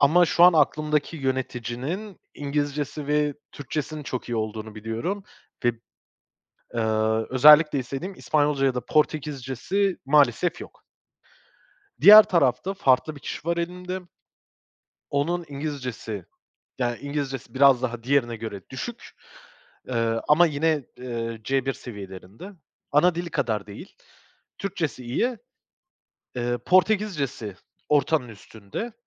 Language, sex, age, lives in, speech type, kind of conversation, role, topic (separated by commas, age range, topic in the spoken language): Turkish, male, 25-29, Portugal, conversation, advice, user, Yeni bir ekip kurma baskısı altındayken doğru kişiyi seçememekten nasıl kaçınabilirim?